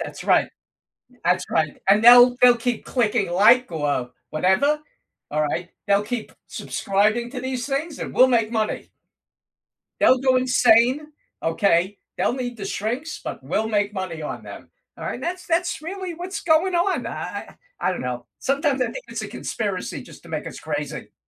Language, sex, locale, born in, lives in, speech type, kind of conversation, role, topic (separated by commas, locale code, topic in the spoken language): English, male, en-US, United States, United States, conversation, unstructured, none, What does balance look like for you?